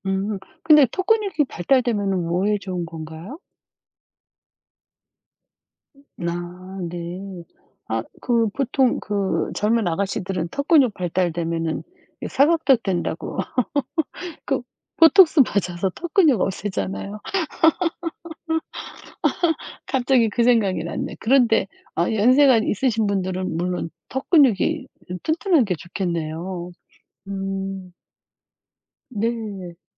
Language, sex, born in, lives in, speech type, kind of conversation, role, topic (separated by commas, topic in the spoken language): Korean, female, South Korea, South Korea, conversation, unstructured, none, 어떤 취미가 스트레스 해소에 가장 도움이 되나요?